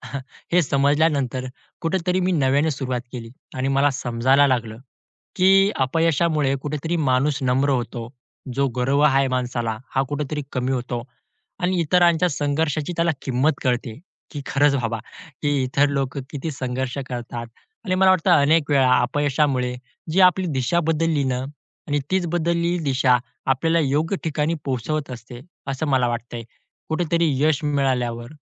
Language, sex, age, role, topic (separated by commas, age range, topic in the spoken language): Marathi, male, 20-24, guest, अपयश जितकं वेदनादायक असतं, तितकंच ते उपयुक्त कसं ठरू शकतं?